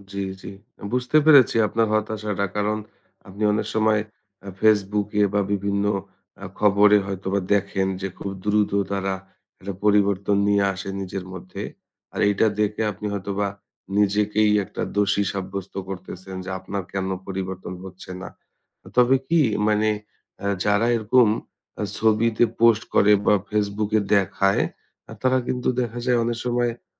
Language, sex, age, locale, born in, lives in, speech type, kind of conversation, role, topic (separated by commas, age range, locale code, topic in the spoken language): Bengali, male, 30-34, bn-BD, Bangladesh, Bangladesh, conversation, advice, advisor, নিয়মিত ব্যায়াম করেও ফল না পেয়ে হতাশা ও সন্দেহ হলে আপনি কীভাবে তা সামলান?